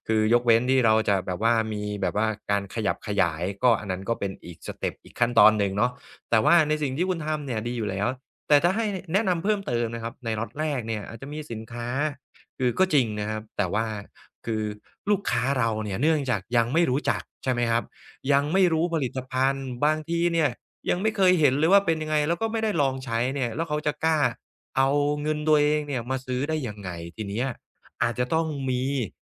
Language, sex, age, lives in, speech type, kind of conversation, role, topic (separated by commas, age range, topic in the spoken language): Thai, male, 35-39, Thailand, conversation, advice, advisor, ฉันควรเริ่มต้นธุรกิจด้วยงบประมาณจำกัดจากตรงไหน และควรบริหารเงินอย่างไร?